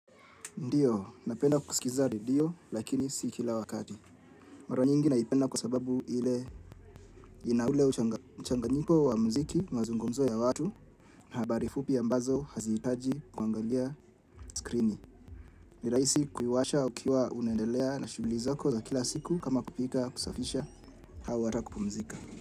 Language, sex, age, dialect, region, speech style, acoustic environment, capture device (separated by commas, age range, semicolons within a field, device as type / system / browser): Swahili, male, 25-34, Kenya - Nairobi Sheng-influenced, Kenya, relaxed, silence, mobile / Linux / Chrome